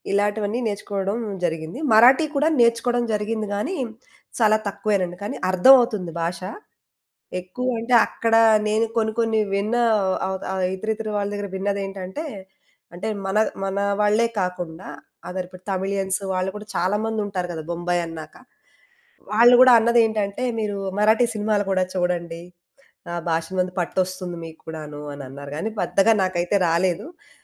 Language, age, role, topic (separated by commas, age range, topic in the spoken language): Telugu, 35-39, guest, భాషలు నేర్చుకోవడం మీకు ఎలా అనిపిస్తుంది, దానికి మీ దగ్గర పనికొచ్చే చిట్కాలు ఏవైనా ఉన్నాయా?